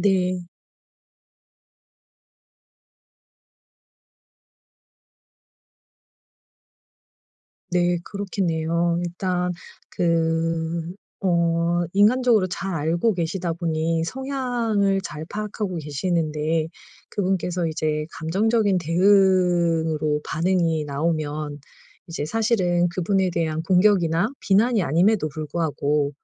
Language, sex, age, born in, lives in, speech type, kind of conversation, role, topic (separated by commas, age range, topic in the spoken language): Korean, female, 40-44, South Korea, South Korea, conversation, advice, advisor, 어떻게 상대의 기분을 배려하며 건설적 피드백을 전달할까?